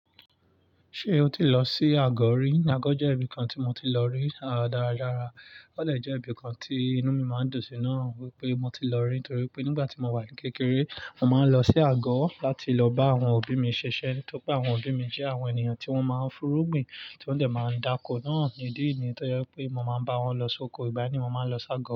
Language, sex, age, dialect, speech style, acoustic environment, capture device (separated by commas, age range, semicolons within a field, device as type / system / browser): Yoruba, male, 25-34, Nigeria - Nigerian Standard, relaxed, nature, mobile / Linux / Chrome